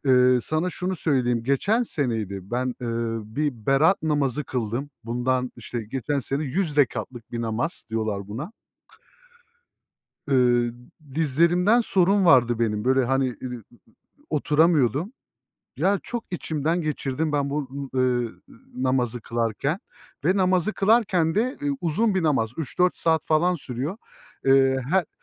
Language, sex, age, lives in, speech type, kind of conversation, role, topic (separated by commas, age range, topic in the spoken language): Turkish, male, 30-34, Germany, conversation, unstructured, none, Hayatında seni en çok şaşırtan şey neydi?